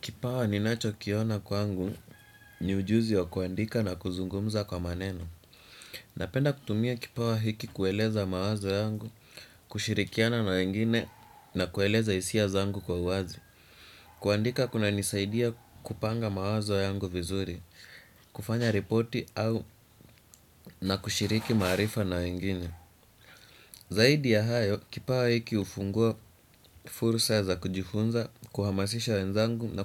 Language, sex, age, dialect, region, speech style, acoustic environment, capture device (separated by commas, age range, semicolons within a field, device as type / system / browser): Swahili, male, 18-24, Kenya - Nairobi Sheng-influenced, Kenya, relaxed, silence, desktop / Windows / Chrome